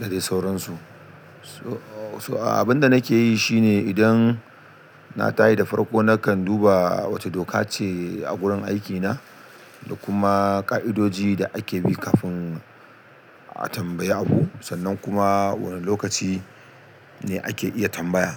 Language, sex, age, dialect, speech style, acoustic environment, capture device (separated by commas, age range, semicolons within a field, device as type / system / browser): Hausa, male, 35-44, Nigeria - Kano, happy; excited; focused; relaxed, silence; appliances, desktop / Linux / Chrome